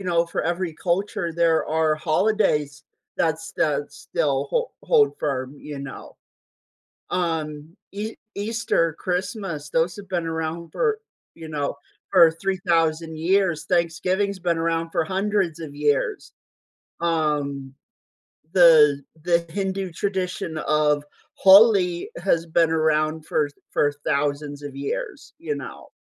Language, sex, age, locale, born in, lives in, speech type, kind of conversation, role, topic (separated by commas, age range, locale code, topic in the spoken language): English, male, 25-29, en-US, United States, United States, conversation, podcast, guest, What influences the way traditions evolve in our lives?